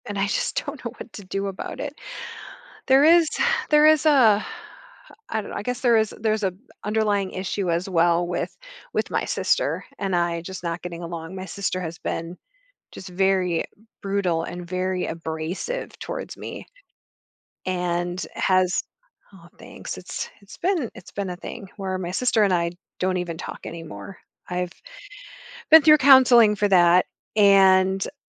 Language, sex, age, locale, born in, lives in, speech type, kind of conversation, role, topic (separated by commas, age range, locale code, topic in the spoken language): English, female, 45-49, en-US, United States, United States, conversation, advice, user, How can I communicate more clearly and listen better in my relationships?